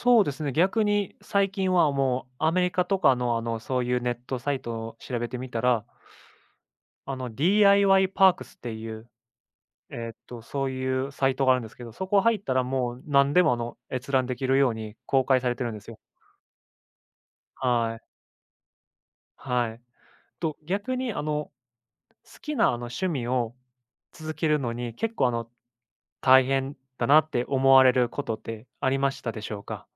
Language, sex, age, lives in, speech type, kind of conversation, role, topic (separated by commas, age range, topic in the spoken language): Japanese, male, 25-29, Japan, conversation, unstructured, none, 好きな趣味を続けるうえで、いちばん大変なことは何ですか？